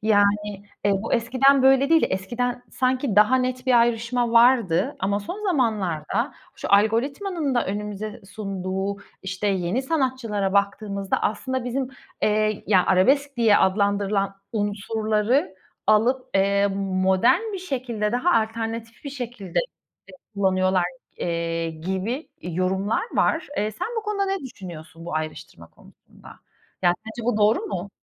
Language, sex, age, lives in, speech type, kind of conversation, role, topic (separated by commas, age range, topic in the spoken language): Turkish, female, 35-39, Italy, conversation, podcast, host, Müzik zevkin nasıl şekillendi ve en çok neler dinlersin?